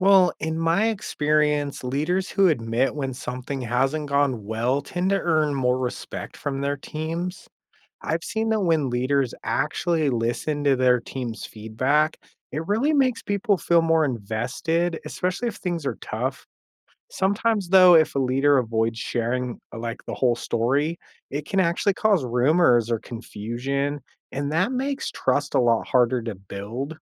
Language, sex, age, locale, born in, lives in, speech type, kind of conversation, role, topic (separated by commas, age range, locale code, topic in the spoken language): English, male, 35-39, en-US, United States, United States, read, expert-assistant, asker, What are the most important qualities of a good leader?